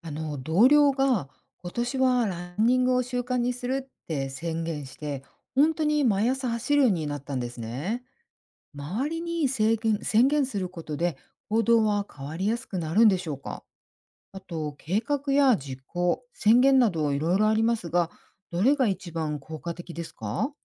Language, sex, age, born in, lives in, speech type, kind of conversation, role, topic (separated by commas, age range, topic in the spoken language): Japanese, female, 50-54, Japan, United States, read, expert-assistant, asker, 計画を立てる・すぐ行動する・周りに宣言する、どれが一番行動を変える力になるでしょうか？